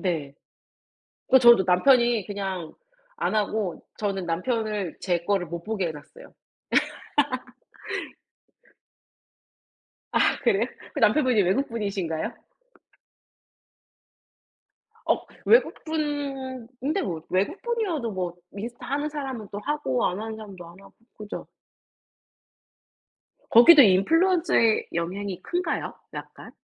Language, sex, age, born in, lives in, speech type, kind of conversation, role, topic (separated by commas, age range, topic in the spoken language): Korean, female, 30-34, South Korea, United States, conversation, unstructured, none, 소셜미디어가 우리의 인간관계에 어떤 영향을 미칠까요?